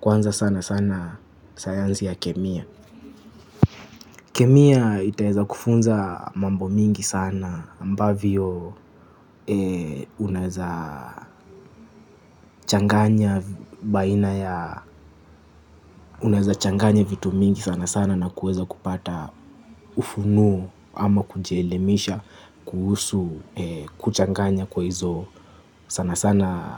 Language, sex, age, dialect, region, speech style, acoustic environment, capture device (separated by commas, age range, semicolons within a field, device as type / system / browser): Swahili, male, 25-34, Kenya - Mombasa, Kenya, happy, construction, mobile / Linux / Chrome